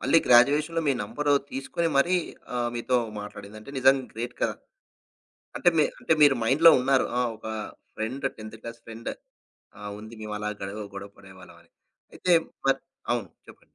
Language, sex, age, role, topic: Telugu, male, 35-39, host, స్నేహితుడు నిజమైన స్నేహితుడేనా అని మీరు ఎలా తెలుసుకుంటారు?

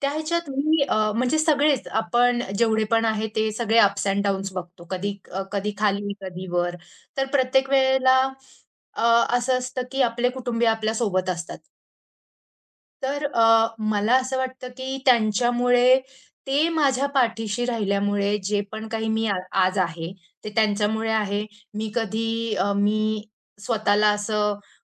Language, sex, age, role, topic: Marathi, female, 25-29, guest, तुम्ही कुटुंबाकडून मिळणारा आधार कसा अनुभवला आहे?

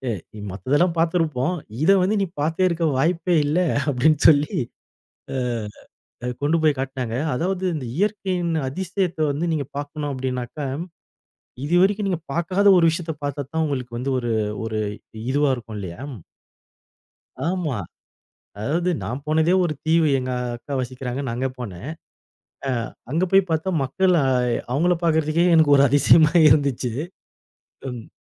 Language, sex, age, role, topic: Tamil, male, 40-44, guest, பயணத்தில் நீங்கள் கண்ட ஒரு சிறு இயற்கை அதிசயத்தைப் பற்றி சொல்ல முடியுமா?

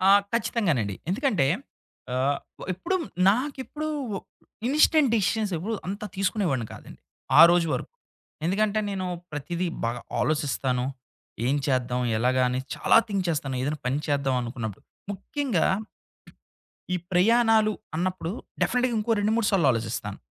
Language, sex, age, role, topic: Telugu, male, 30-34, guest, ఒకరోజు అకస్మాత్తుగా నిర్ణయం తీసుకుని సామాను సర్దుకుని వెళ్లిపోయిన మీ అనుభవకథను చెప్పగలరా?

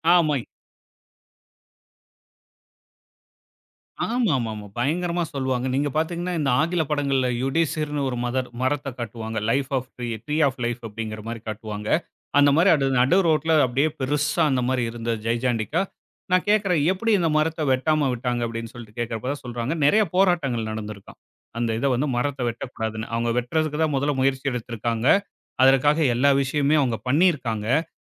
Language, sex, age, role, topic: Tamil, male, 35-39, guest, மரம் வெட்டுதல் மற்றும் கிளைத் தழை வெட்டி மறுவளர்ப்பது பற்றி உங்கள் கருத்து என்ன?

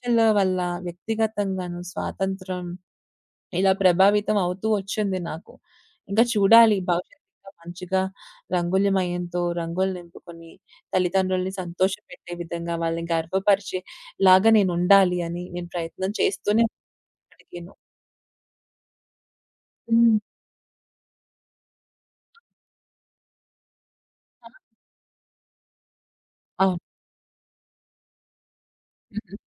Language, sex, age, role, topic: Telugu, female, 25-29, guest, తల్లి తండ్రుల ఆశల వల్ల వ్యక్తిగత స్వాతంత్ర్యం ఎలా ప్రభావితం అవుతుంది?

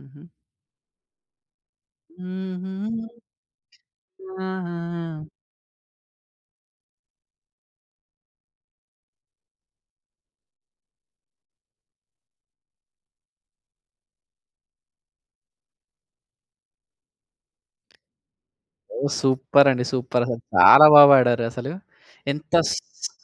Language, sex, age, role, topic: Telugu, male, 25-29, host, మీ సంగీత రుచిపై మీ కుటుంబం ఎంత ప్రభావం చూపిందని మీరు అనుకుంటారు?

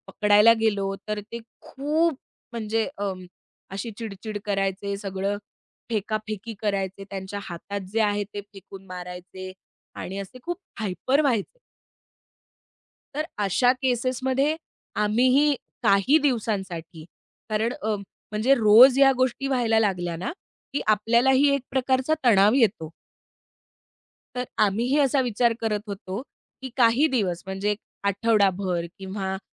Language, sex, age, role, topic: Marathi, female, 30-34, guest, वृद्धांमधला एकटेपणा कमी करण्यासाठी घरात किंवा गावात काय करता येईल?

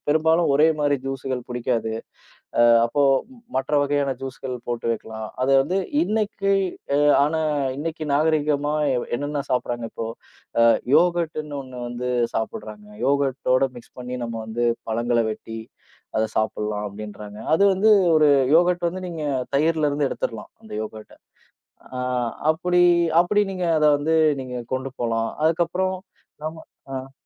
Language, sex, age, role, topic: Tamil, male, 30-34, guest, இயற்கையோடு எளிமையாக வாழ தொடங்க நீங்கள் என்ன செய்வீர்கள்?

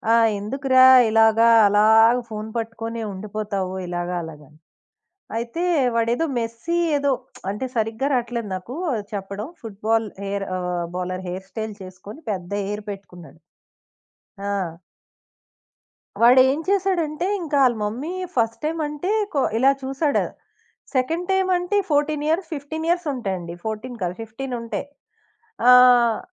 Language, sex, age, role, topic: Telugu, female, 20-24, guest, రాత్రికి పడుకునే ముందు మీరు ఫోన్‌ను దూరంగా పెట్టేస్తారా?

